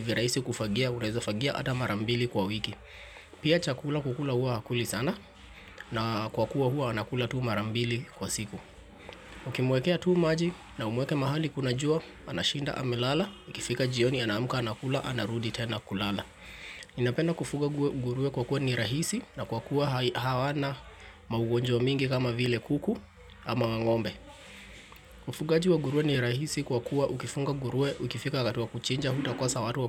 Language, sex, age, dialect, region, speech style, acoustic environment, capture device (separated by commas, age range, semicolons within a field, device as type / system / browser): Swahili, male, 25-34, Kenya - Nairobi Sheng-influenced, Kenya, happy, construction, mobile / Linux / Chrome